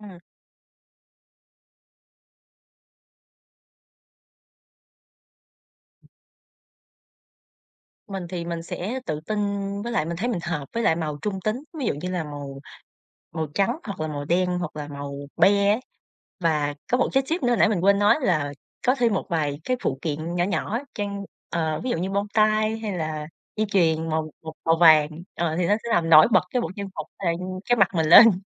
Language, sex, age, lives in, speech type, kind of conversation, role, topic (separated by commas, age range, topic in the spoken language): Vietnamese, female, 25-29, Vietnam, conversation, podcast, guest, Trang phục nào khiến bạn tự tin nhất?